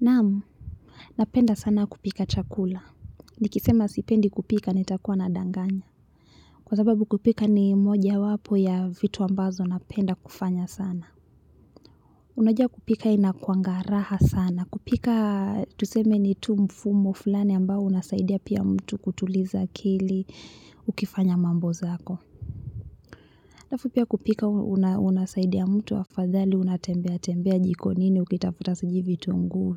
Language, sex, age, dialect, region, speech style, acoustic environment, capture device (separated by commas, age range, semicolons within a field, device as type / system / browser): Swahili, female, 25-34, Kenya - Nairobi Sheng-influenced, Kenya, relaxed; focused, music; people talking, mobile / Linux / Chrome